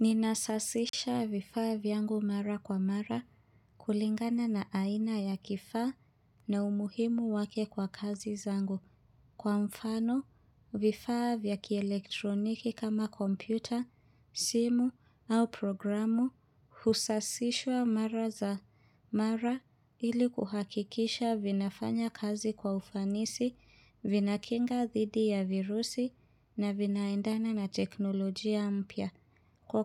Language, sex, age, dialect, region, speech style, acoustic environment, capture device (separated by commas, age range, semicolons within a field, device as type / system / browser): Swahili, female, 25-34, Kenya - Nairobi Sheng-influenced, Kenya, relaxed, silence, mobile / Linux / Chrome